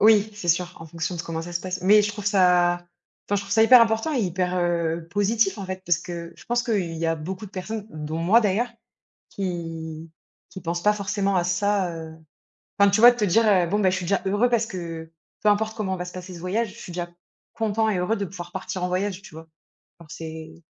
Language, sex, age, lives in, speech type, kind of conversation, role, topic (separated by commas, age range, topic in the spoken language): French, female, 30-34, France, conversation, unstructured, none, Qu’est-ce que le bonheur pour toi ?